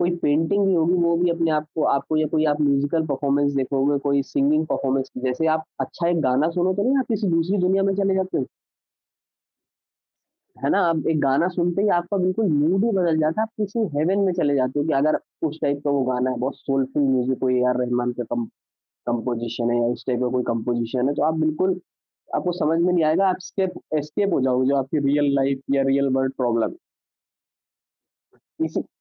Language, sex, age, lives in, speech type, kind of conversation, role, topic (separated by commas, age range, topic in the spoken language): Hindi, male, 25-29, India, conversation, podcast, guest, Kaunsi film tumhe sabse zyada ek alag duniya mein le jaa kar escape feel karwati hai?